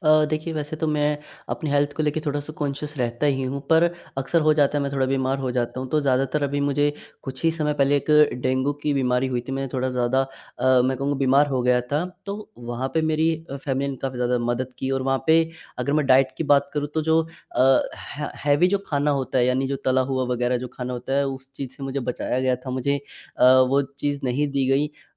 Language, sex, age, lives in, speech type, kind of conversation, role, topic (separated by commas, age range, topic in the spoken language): Hindi, male, 18-19, India, conversation, podcast, guest, परिवार या दोस्तों ने रिकवरी में कैसे साथ दिया?